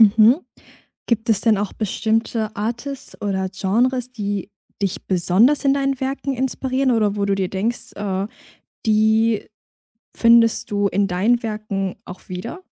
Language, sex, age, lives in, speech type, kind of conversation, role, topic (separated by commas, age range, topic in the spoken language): German, female, 20-24, Germany, conversation, podcast, host, Woran merkst du, dass ein Werk fertig ist?